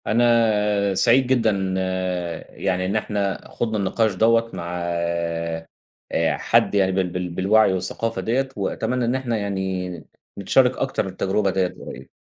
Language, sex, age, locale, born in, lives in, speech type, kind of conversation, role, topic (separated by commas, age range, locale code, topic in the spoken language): Arabic, male, 30-34, ar-EG, Egypt, Egypt, conversation, podcast, host, رأيك إيه في جروبات الجيران على واتساب؟